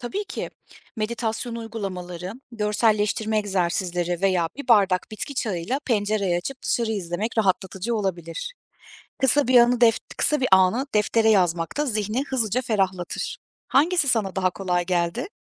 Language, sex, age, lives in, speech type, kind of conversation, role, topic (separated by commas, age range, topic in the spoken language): Turkish, female, 35-39, Germany, read, expert-assistant, expert, Hasta bakımı yaparken hem işimde iyi olmak hem de hayatımdan keyif almak için hangi seçimleri yapabilirim?